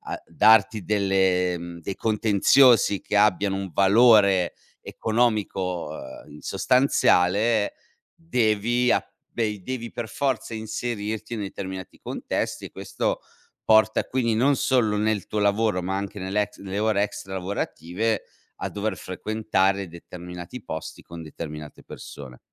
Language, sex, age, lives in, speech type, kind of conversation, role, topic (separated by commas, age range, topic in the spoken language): Italian, male, 40-44, Italy, conversation, podcast, guest, Cosa ti aiuta a smettere di paragonarti agli altri?